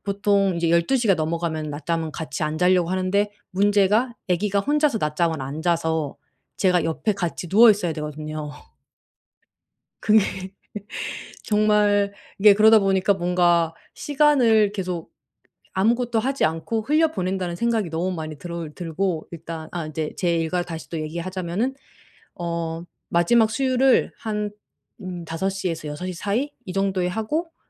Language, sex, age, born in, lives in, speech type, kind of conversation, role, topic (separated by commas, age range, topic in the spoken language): Korean, female, 35-39, South Korea, Germany, conversation, advice, user, 낮잠 때문에 밤잠이 깨지는데 낮잠과 밤잠의 균형을 어떻게 맞출 수 있을까요?